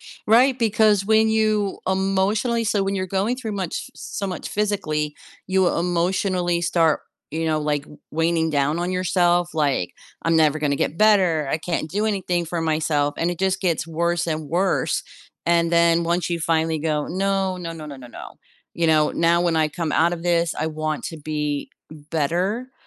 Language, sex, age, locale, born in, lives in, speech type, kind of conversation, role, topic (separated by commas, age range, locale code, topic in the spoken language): English, female, 55-59, en-US, United States, United States, conversation, advice, user, How can I celebrate and build on a recent personal achievement?